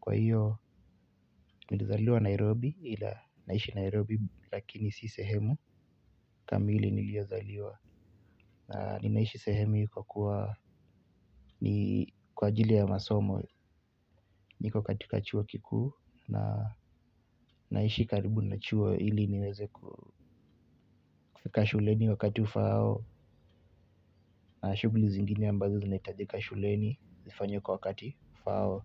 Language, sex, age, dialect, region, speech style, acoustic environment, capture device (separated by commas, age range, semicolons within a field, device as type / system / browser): Swahili, male, 18-24, Kenya - Nairobi Sheng-influenced, Kenya, relaxed, silence, mobile / Linux / Chrome